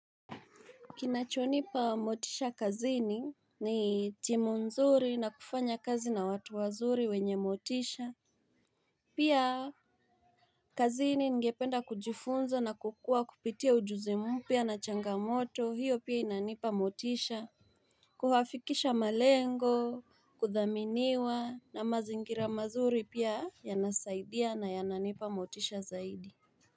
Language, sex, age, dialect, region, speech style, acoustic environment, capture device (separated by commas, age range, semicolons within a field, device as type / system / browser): Swahili, female, 25-34, Kenya - Mombasa, Kenya, excited, silence, mobile / Linux / Chrome